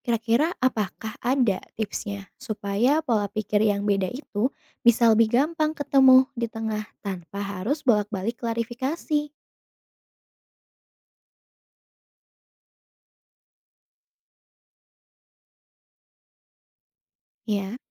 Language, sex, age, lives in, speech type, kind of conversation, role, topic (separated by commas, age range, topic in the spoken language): Indonesian, female, 25-29, Indonesia, read, expert-assistant, asker, Apa yang bisa dilakukan saat sering salah paham dengan orang lain karena pola pikir yang berbeda?